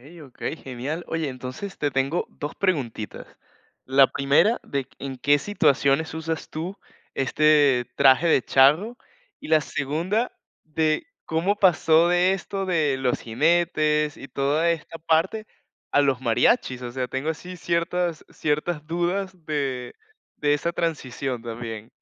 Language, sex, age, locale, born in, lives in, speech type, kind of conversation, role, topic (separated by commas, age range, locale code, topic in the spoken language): Spanish, male, 20-24, es-VE, Venezuela, Portugal, conversation, podcast, host, ¿Qué prendas te conectan con tus raíces?